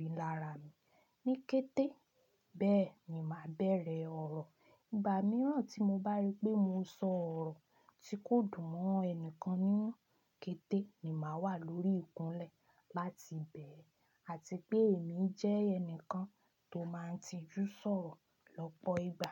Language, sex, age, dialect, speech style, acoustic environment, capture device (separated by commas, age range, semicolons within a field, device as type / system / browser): Yoruba, female, 25-34, Nigeria - Ibadan, happy, people talking, desktop / Linux / Chrome